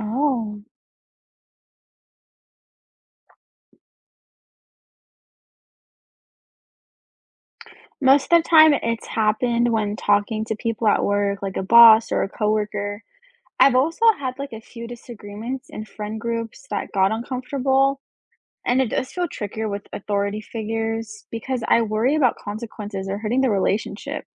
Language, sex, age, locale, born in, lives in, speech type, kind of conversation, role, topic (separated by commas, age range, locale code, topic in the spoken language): English, female, 20-24, en-US, United States, United States, read, expert-assistant, asker, Why is it important to stand up for what you believe in?